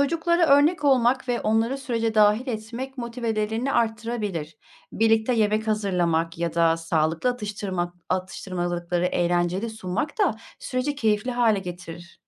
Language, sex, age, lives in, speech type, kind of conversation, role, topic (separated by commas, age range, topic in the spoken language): Turkish, female, 40-44, Germany, read, expert-assistant, expert, Kendimize iyi bakmak hayatımıza gerçekten anlam katar mı?